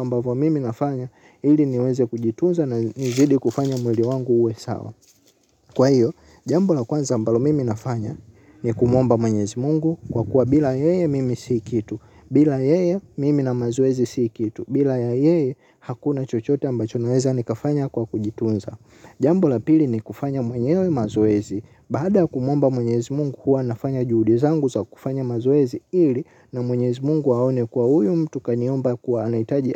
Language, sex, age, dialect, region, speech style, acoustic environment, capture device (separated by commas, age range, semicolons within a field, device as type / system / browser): Swahili, male, 18-24, Tanzania - Dar es Salaam, Tanzania, happy; excited, silence, mobile / Linux / Chrome